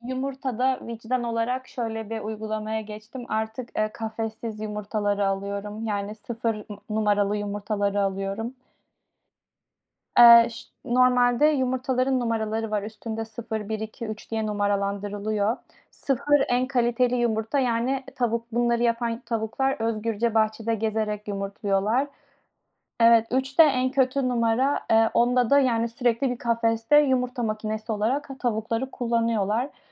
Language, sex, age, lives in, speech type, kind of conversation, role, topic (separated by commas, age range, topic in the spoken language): Turkish, female, 25-29, Spain, conversation, unstructured, none, Doğada en çok görmek istediğin hayvan hangisi?